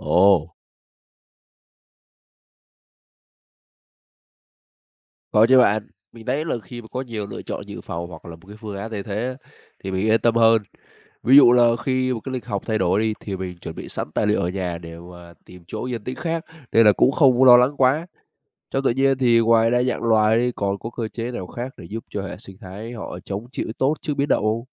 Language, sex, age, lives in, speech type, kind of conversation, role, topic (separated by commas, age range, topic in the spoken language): Vietnamese, male, 20-24, Vietnam, read, expert-assistant, asker, Từ việc quan sát địa chất, mình có thể rút ra bài học nào về thích nghi khi môi trường sống thay đổi?